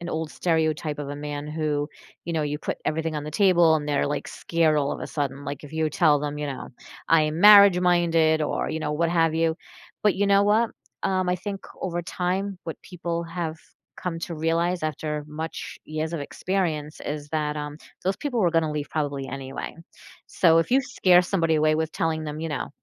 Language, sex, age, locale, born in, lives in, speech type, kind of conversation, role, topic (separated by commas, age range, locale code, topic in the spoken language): English, female, 45-49, en-US, United States, United States, conversation, advice, advisor, How can I balance excitement and realistic expectations in a new relationship?